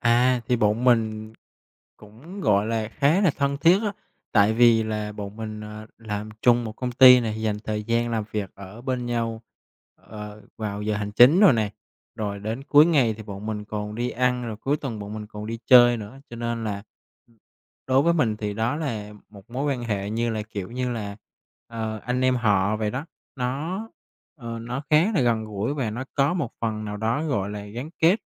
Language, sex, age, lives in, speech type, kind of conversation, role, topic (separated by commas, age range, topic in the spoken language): Vietnamese, male, 25-29, Vietnam, conversation, advice, user, Làm thế nào để xây dựng mạng lưới quan hệ xã hội mới khi tôi đã mất đi những mối quan hệ bạn bè cũ?